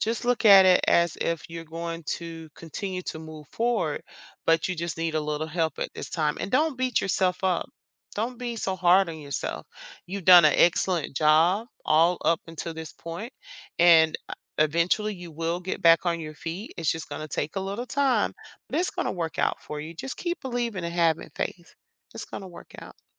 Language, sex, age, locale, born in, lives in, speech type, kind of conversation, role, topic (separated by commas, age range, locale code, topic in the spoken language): English, female, 50-54, en-US, United States, United States, conversation, advice, advisor, How can I break my lease early with minimal penalties?